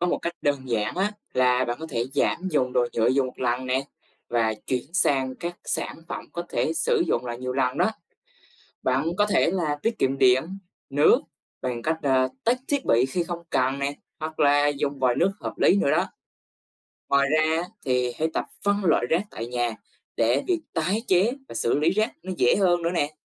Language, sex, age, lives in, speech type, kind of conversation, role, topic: Vietnamese, male, 20-24, Vietnam, read, expert-assistant, expert, Làm sao để bảo vệ môi trường trong cuộc sống hàng ngày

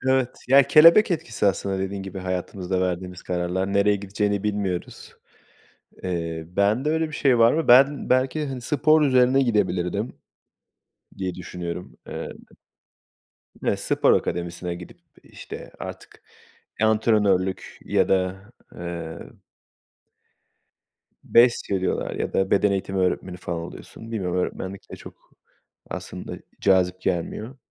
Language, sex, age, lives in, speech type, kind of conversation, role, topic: Turkish, male, 30-34, Portugal, conversation, unstructured, none, Eğitim hayatında en çok sevdiğin ders hangisi?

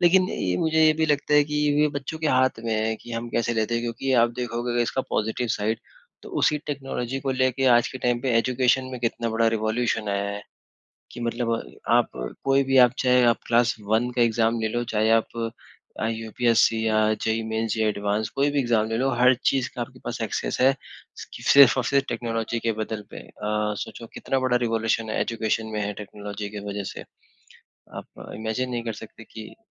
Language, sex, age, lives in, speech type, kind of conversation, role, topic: Hindi, male, 20-24, India, conversation, unstructured, none, तकनीक आपके दिन को बेहतर बनाने में कैसे मदद करती है?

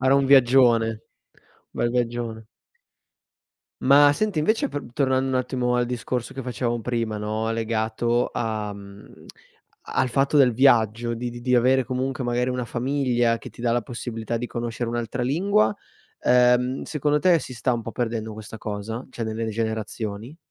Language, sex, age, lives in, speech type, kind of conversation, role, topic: Italian, male, 25-29, Italy, conversation, podcast, host, Come hai fatto a mantenere viva la lingua dei tuoi genitori?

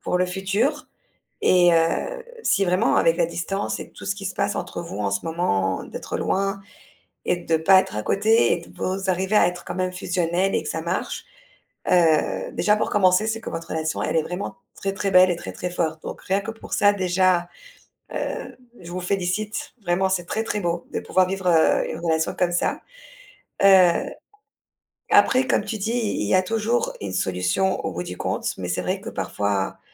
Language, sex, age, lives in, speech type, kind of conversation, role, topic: French, female, 35-39, Spain, conversation, advice, advisor, Pourquoi ma relation à distance est-elle devenue difficile ?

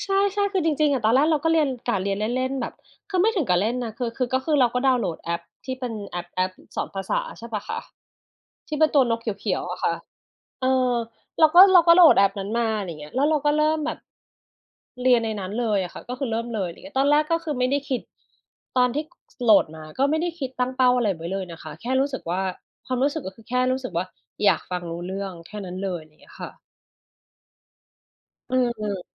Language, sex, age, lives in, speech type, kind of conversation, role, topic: Thai, female, 40-44, Thailand, conversation, unstructured, none, อะไรคือสิ่งที่ทำให้คุณรู้สึกภูมิใจเกี่ยวกับการเรียนครั้งล่าสุดของคุณ?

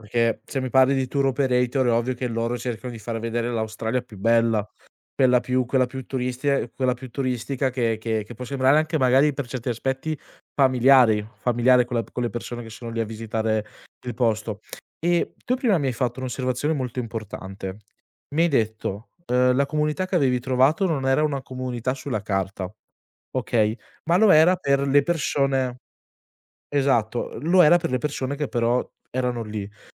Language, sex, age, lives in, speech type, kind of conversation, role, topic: Italian, male, 20-24, Italy, conversation, podcast, host, Puoi raccontarmi un momento in cui la comunità ti ha aiutato?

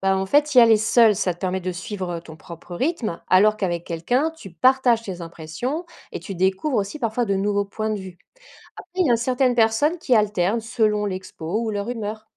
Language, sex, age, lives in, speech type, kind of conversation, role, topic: French, female, 40-44, France, read, expert-assistant, expert, Comment s’organiser pour profiter au maximum d’une visite dans une exposition d’art ?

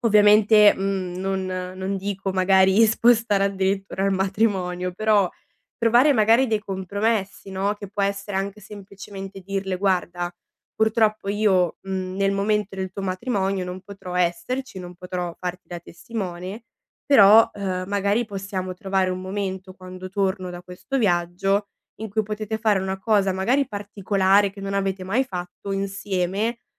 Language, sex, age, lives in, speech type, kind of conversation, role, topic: Italian, female, 20-24, Italy, conversation, advice, advisor, Come posso dire di no a un amico che mi fa una richiesta importante?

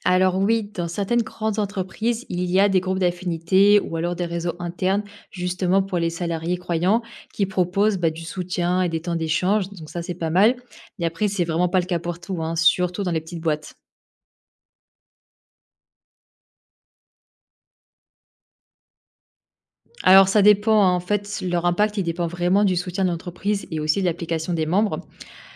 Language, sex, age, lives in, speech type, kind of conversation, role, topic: French, female, 25-29, France, read, expert-assistant, expert, Quels sont, selon toi, les avantages et les inconvénients de faire partie d’une grande religion aujourd’hui ?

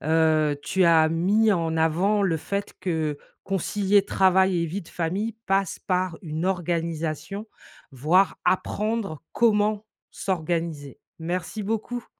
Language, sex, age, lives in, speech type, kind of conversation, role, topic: French, female, 45-49, United States, conversation, podcast, host, Comment concilier travail et vie de famille au quotidien ?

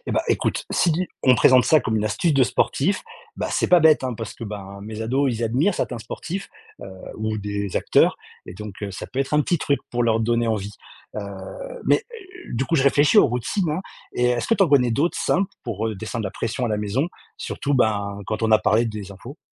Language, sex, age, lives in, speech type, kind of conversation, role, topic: French, male, 40-44, France, read, expert-assistant, asker, Comment trouver un bon équilibre entre s’informer et se préserver face aux actualités ?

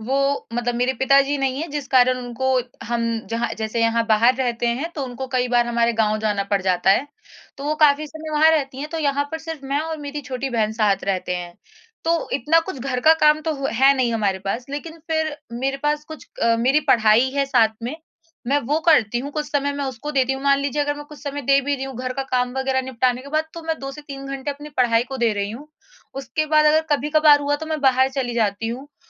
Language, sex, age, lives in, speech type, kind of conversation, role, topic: Hindi, female, 20-24, India, conversation, advice, user, क्या आपको रात में नियमित समय पर सोने में असफलता महसूस होती है?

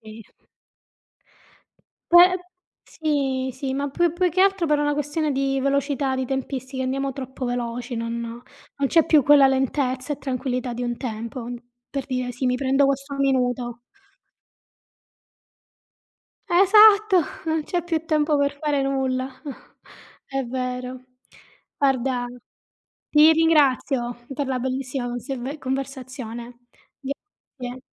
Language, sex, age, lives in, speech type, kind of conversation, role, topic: Italian, female, 35-39, Italy, conversation, unstructured, none, Come pensi che la tecnologia abbia cambiato la vita quotidiana?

